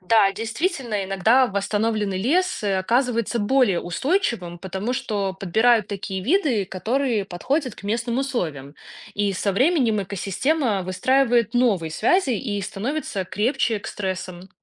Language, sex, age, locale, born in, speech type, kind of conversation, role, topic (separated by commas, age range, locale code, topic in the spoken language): Russian, female, 30-34, ru-RU, Belarus, read, expert-assistant, expert, Какие методы наиболее эффективны для восстановления лесов после вырубки или пожаров?